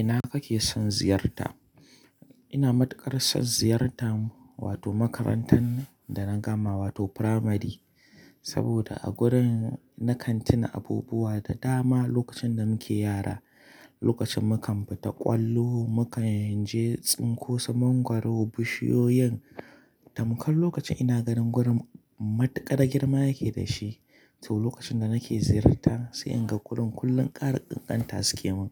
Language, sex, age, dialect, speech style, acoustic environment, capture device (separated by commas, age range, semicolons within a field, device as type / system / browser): Hausa, male, 25-34, Nigeria - Kano, happy; relaxed; focused; excited, nature, mobile / Linux / Chrome